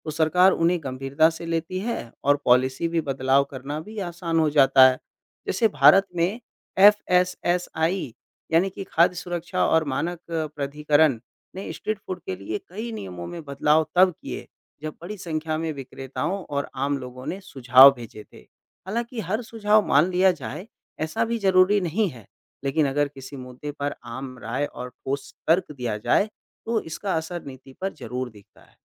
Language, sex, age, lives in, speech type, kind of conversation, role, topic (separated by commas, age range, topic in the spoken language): Hindi, male, 25-29, India, read, expert-assistant, expert, क्या अलग-अलग देशों में स्ट्रीट फूड के नियम और सोच अलग होती है?